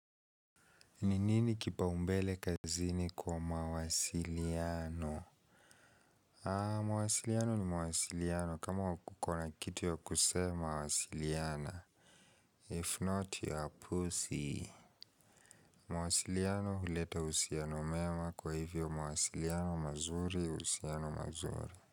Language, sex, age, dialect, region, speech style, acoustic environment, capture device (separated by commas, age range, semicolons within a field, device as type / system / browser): Swahili, male, 18-24, Kenya - Nairobi Sheng-influenced, Kenya, focused, people talking; appliances, mobile / Linux / Chrome